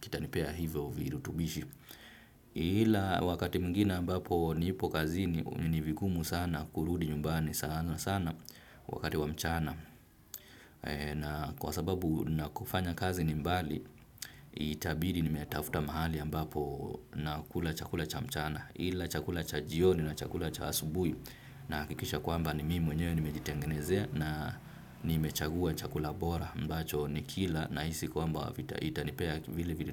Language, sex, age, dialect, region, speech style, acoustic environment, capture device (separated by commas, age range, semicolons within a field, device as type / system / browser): Swahili, male, 25-34, Kenya - Nairobi Sheng-influenced, Kenya, relaxed, traffic, desktop / Windows / Firefox